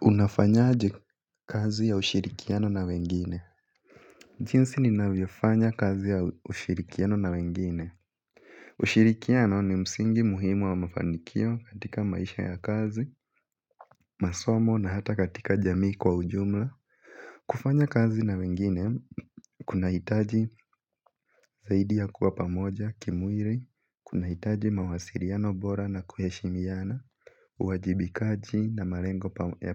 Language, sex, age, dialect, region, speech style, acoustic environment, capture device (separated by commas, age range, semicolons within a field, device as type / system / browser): Swahili, male, 18-24, Kenya - Nairobi Sheng-influenced, Kenya, relaxed, appliances, mobile / Linux / Chrome